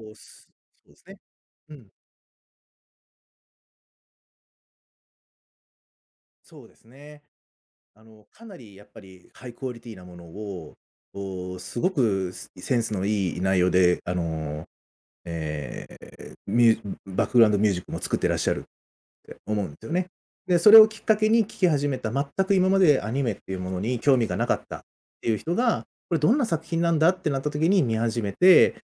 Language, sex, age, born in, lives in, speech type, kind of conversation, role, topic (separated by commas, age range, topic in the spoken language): Japanese, male, 35-39, Japan, Japan, conversation, podcast, guest, あなたの好きなアニメが特別だと感じるのは、なぜですか？